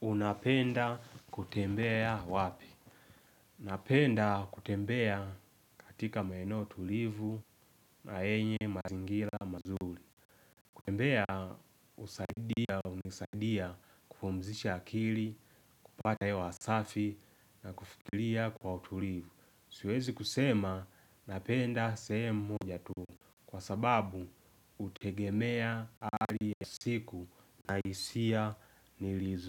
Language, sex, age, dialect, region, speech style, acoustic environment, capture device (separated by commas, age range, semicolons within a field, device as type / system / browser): Swahili, male, 18-24, Tanzania - Dar es Salaam, Tanzania, happy; excited; focused, nature, mobile / Linux / Chrome